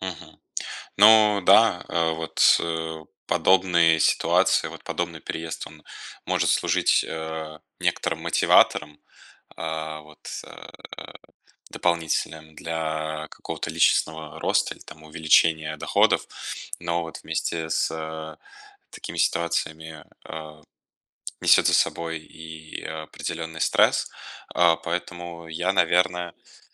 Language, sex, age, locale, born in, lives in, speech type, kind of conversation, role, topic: Russian, male, 20-24, ru-RU, Russia, Germany, conversation, advice, advisor, Как рост расходов на проживание создаёт для вас финансовое давление?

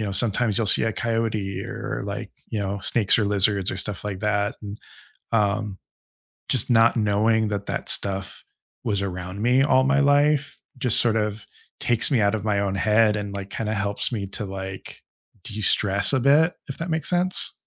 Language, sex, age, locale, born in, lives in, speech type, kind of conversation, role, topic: English, male, 35-39, en-US, United States, United States, conversation, unstructured, none, Which nature spots leave you feeling recharged, and what helps you reset when you’re there?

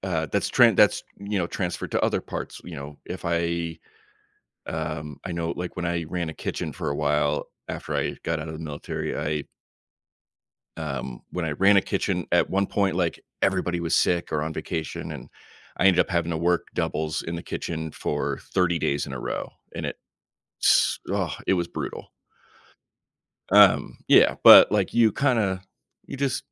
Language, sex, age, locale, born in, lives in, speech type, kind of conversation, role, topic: English, male, 35-39, en-US, United States, United States, conversation, podcast, guest, How do unexpected travel challenges shape your experiences and perspective?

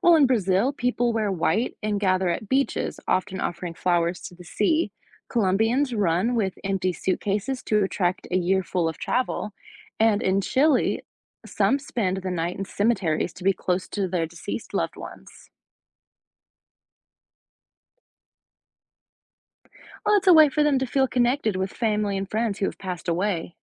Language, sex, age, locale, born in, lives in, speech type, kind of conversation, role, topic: English, female, 25-29, en-US, United States, United States, read, expert-assistant, expert, How do different cultures celebrate the new year?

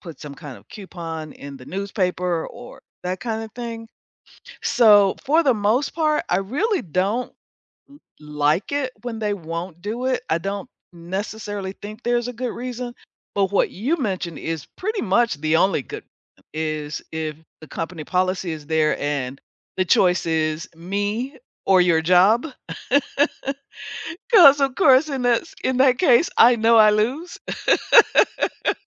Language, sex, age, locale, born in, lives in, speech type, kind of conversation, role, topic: English, female, 65-69, en-US, United States, United States, conversation, unstructured, none, How do you react when a store refuses to match a competitor’s price?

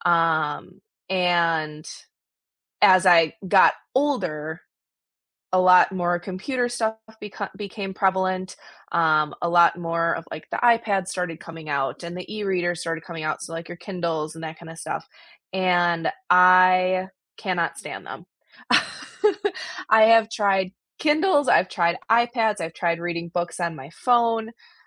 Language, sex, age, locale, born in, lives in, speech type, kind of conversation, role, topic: English, female, 25-29, en-US, United States, United States, conversation, unstructured, none, These days, do you reach for an e-reader or a physical book, and what experiences shaped that choice?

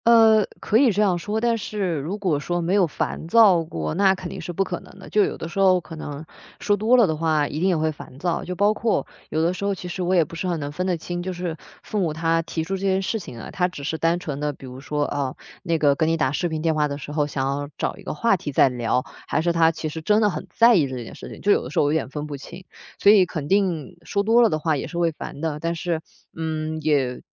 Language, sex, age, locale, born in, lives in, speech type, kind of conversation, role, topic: Chinese, female, 25-29, zh-CN, China, Germany, conversation, podcast, guest, 你如何分辨外界的期待和自己内心的想法？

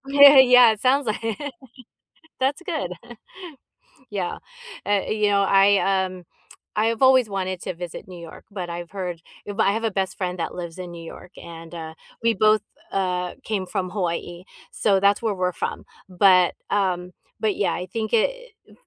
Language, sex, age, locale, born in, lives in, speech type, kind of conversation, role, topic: English, female, 50-54, en-US, United States, United States, conversation, unstructured, none, How do you react when you see someone taking advantage of others?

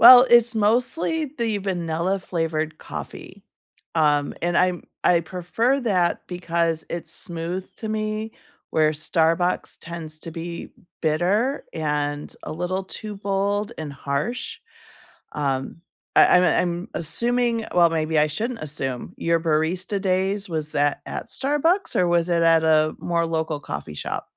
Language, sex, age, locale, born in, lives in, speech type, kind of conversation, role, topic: English, female, 55-59, en-US, United States, United States, conversation, unstructured, none, What is one small morning habit that has recently improved your mornings, and how did you start it?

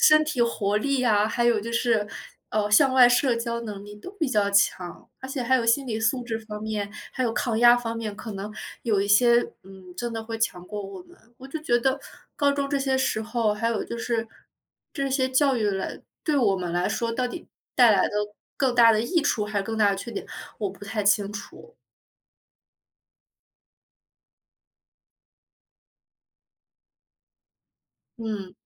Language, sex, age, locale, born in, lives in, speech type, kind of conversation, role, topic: Chinese, female, 25-29, zh-CN, China, Germany, conversation, unstructured, none, 家长对学习成绩的期望会给学生带来压力吗？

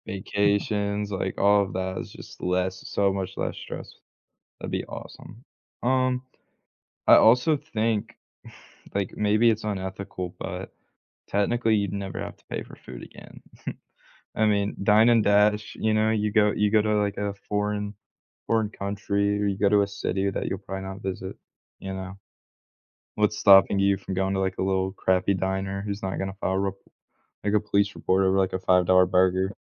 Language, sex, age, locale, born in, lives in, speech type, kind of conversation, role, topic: English, male, 20-24, en-US, United States, United States, conversation, unstructured, none, Would you rather be able to teleport anywhere instantly or fly at a slow speed?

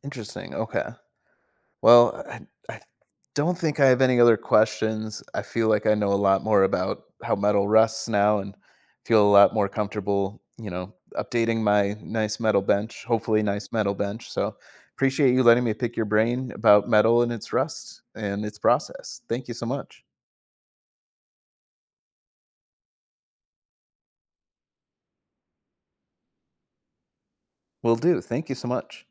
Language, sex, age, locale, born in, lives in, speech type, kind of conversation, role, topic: English, male, 30-34, en-US, United States, United States, read, expert-assistant, asker, What causes metal to rust over time?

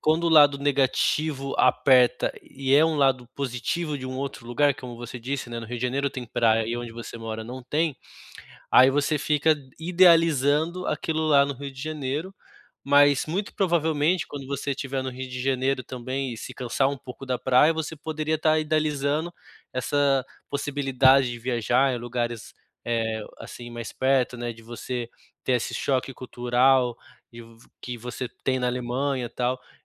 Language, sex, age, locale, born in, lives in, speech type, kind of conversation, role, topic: Portuguese, male, 30-34, pt-BR, Brazil, Germany, conversation, advice, advisor, Como posso me adaptar a mudanças sociais tão rápidas?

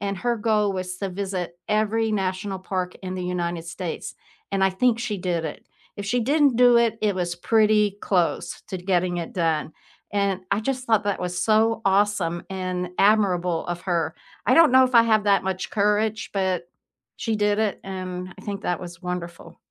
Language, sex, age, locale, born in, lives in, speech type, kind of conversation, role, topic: English, female, 70-74, en-US, United States, United States, conversation, unstructured, none, Which tourist traps have surprised you by actually being worth it?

